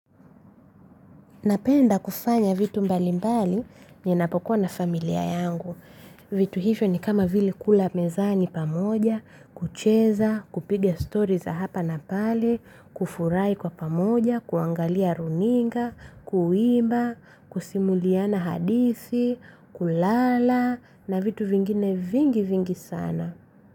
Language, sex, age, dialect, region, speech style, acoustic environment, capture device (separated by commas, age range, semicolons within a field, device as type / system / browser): Swahili, female, 25-34, Tanzania - Dar es Salaam, Tanzania, happy; relaxed; focused, silence, mobile / Linux / Chrome